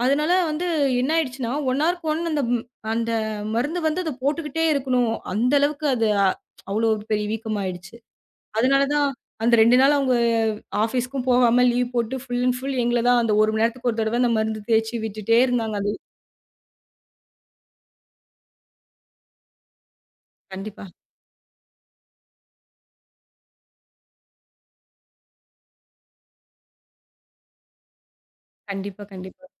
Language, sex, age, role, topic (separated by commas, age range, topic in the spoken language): Tamil, female, 25-29, guest, வீட்டில் வீட்டு வேலைகளைப் பகிர்ந்து கொள்வது அன்பைக் காட்டுவதா?